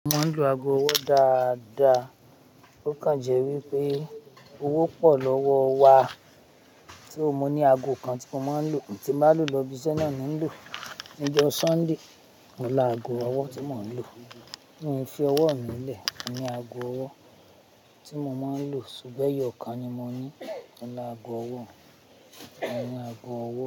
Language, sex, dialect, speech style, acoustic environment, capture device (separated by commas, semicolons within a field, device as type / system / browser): Yoruba, female, Nigeria - Ibadan, happy; excited, people talking; traffic; nature, mobile / Linux / Chrome